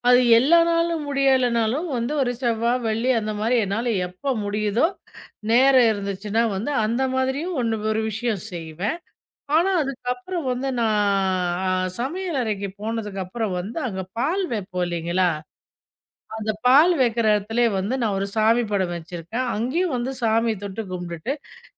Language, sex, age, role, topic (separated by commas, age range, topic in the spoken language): Tamil, female, 40-44, guest, காலைப் பூஜை செய்ய விரும்புகிறீர்களா, இல்லையா சும்மா அமைதியாக இருக்க விரும்புகிறீர்களா?